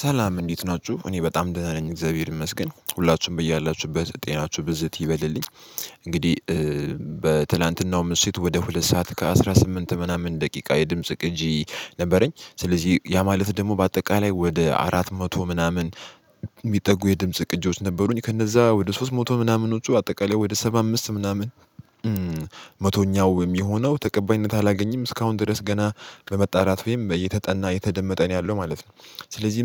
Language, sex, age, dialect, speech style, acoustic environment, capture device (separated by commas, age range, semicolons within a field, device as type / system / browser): Amharic, male, 18-24, Ethiopia - Addis Ababa, happy, silence, mobile / Linux / Chrome